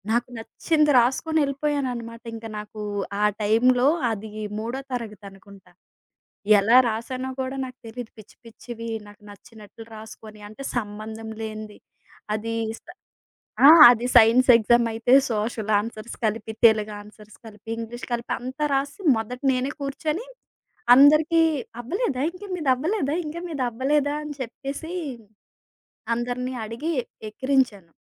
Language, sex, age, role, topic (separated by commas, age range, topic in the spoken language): Telugu, female, 30-34, guest, మీ బాల్యంలో పాఠశాల లంచ్‌కి సంబంధించిన జ్ఞాపకం ఏదైనా గుర్తొస్తే చెప్పగలరా?